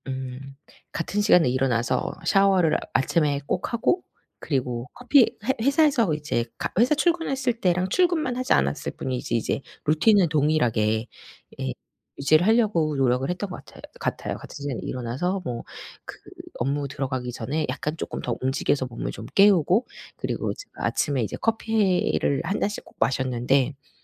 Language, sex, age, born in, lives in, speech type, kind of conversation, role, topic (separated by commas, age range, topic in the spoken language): Korean, female, 40-44, South Korea, South Korea, conversation, podcast, guest, 원격 근무가 일상에 어떤 영향을 주었나요?